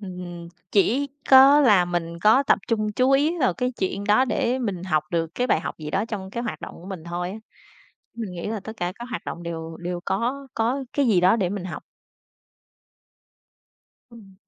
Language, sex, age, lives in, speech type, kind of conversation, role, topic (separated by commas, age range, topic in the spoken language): Vietnamese, female, 30-34, Vietnam, conversation, unstructured, none, Những kỳ nghỉ hè đã góp phần vào sự phát triển của bạn như thế nào?